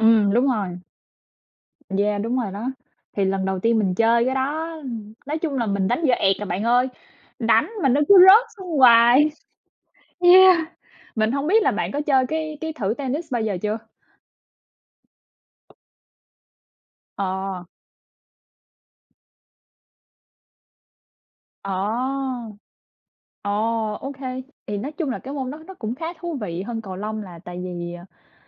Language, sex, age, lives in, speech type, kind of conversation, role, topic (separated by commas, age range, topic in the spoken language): Vietnamese, female, 25-29, United States, conversation, unstructured, none, Bạn đã từng có trải nghiệm đáng nhớ nào khi tham gia thể thao không?